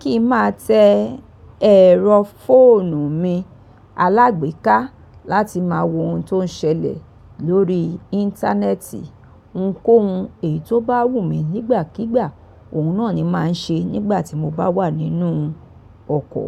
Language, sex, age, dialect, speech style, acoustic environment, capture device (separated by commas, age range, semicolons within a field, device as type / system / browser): Yoruba, female, 45-54, Nigeria - Lagos Yoruba, relaxed, people talking; appliances; traffic, mobile / Linux / Chrome